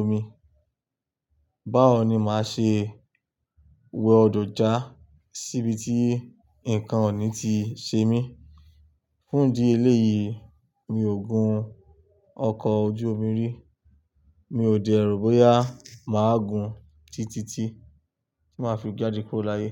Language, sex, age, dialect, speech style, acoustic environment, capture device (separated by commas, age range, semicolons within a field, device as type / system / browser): Yoruba, male, 25-34, Nigeria - Lagos Yoruba, relaxed; stressed; focused, nature; silence, desktop / Linux / Chrome